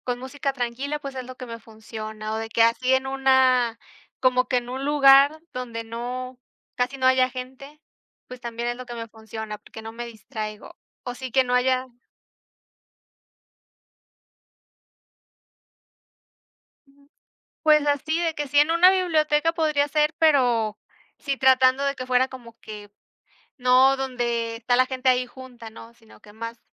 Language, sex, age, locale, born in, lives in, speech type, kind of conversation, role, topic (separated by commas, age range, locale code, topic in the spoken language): Spanish, female, 25-29, es-MX, Mexico, Mexico, conversation, unstructured, none, ¿Prefieres estudiar solo o en grupo, y por qué?